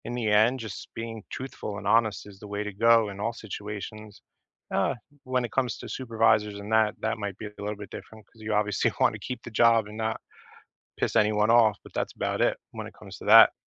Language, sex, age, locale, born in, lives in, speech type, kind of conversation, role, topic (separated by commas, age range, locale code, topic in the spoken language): English, male, 35-39, en-US, United States, United States, conversation, unstructured, none, What small, everyday gestures help you build trust and closeness with the people you care about?